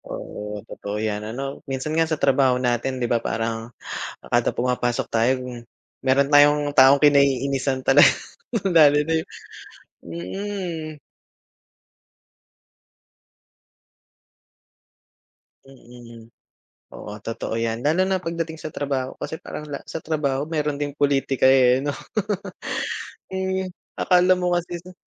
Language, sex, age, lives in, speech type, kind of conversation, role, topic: Filipino, male, 35-39, Philippines, conversation, unstructured, none, Ano ang ginagawa mo kapag naiinis ka sa tuwing naaalala mo ang isang tao?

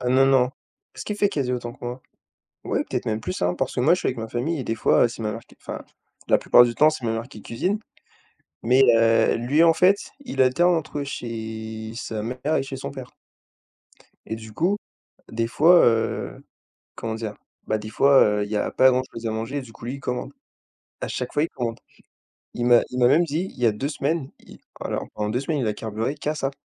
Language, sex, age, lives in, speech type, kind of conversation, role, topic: French, male, 20-24, France, conversation, unstructured, none, Comment trouves-tu un équilibre entre le plaisir et les économies ?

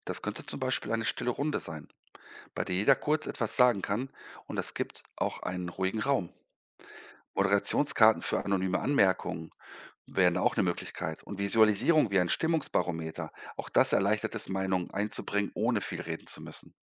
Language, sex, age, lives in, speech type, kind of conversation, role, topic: German, male, 50-54, Germany, read, expert-assistant, expert, Wie findet man heraus, warum gute Mitarbeiter plötzlich weniger motiviert sind und ihre Leistung nachlässt?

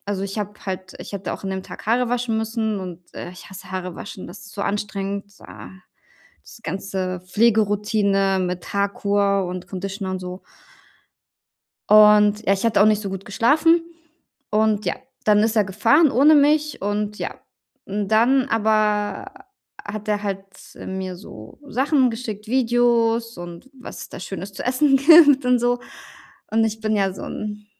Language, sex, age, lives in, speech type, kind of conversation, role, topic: German, female, 35-39, Germany, conversation, advice, user, Wie kann ich meine Gefühle besser erkennen und benennen?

